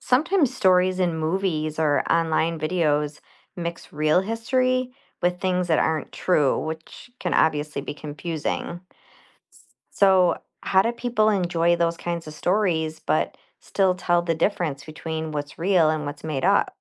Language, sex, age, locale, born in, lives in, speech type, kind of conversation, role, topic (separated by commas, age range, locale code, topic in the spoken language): English, female, 45-49, en-US, United States, United States, read, expert-assistant, asker, How does learning about history help people understand the present?